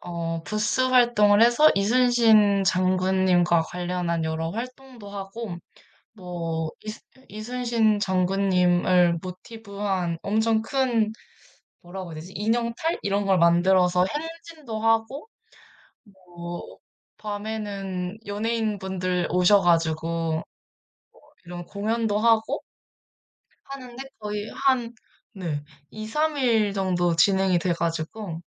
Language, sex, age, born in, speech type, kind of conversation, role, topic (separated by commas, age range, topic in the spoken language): Korean, female, 20-24, South Korea, conversation, unstructured, none, 우리 동네에서 가장 기억에 남는 축제는 무엇인가요?